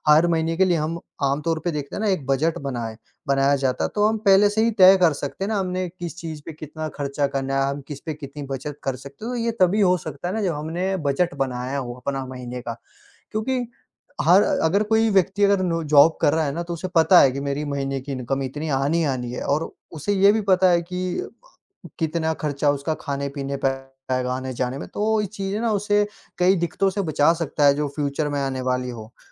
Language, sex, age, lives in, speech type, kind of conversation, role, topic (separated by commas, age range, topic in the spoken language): Hindi, female, 20-24, India, conversation, unstructured, none, आपके हिसाब से बजट बनाना क्यों ज़रूरी है?